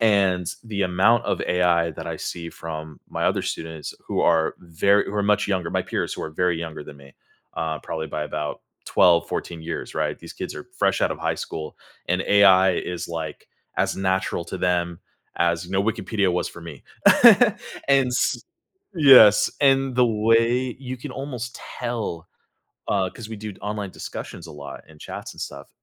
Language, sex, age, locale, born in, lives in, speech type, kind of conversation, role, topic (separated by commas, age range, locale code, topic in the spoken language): English, male, 30-34, en-US, United States, United States, conversation, podcast, guest, How is technology changing the way we learn and teach?